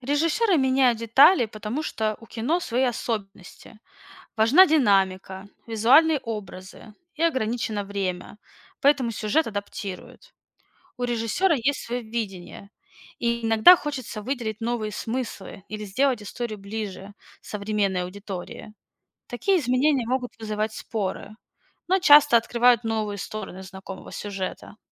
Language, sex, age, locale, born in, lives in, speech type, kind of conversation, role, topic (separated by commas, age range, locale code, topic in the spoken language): Russian, female, 30-34, ru-RU, Russia, United States, read, expert-assistant, expert, Как меняется впечатление от книги после знакомства с разными мнениями о ней?